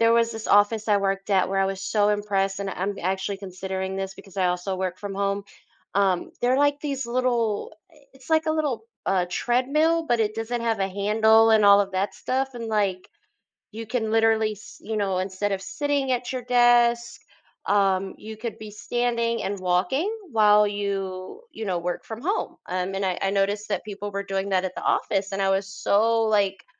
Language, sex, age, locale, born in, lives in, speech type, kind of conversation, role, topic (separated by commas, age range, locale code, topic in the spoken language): English, female, 30-34, en-US, United States, United States, conversation, podcast, guest, How can small changes in daily habits help us stay active and healthy?